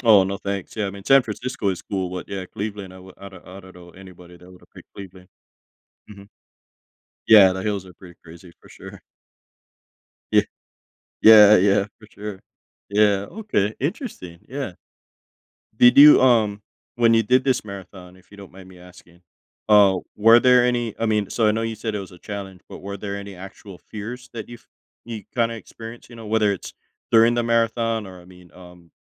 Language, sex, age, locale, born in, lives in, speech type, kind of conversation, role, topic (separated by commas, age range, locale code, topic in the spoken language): English, male, 35-39, en-US, United States, United States, conversation, podcast, host, What helps people move past fear when facing new challenges?